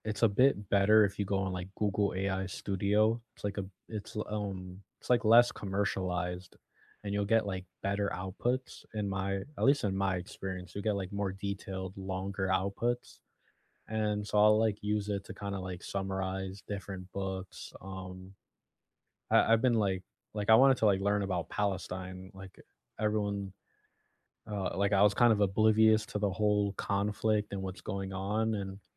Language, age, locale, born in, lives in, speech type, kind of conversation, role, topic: English, 25-29, en-US, United States, United States, conversation, unstructured, none, How have your classroom experiences changed from chalkboards to AI over the years?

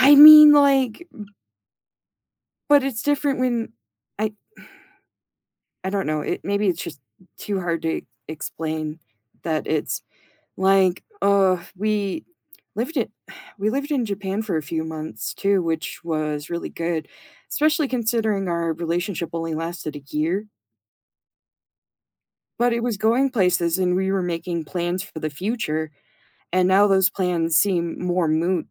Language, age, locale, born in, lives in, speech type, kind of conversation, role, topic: English, 25-29, en-US, United States, United States, conversation, advice, user, How can I cope when my ex has moved on quickly with someone new?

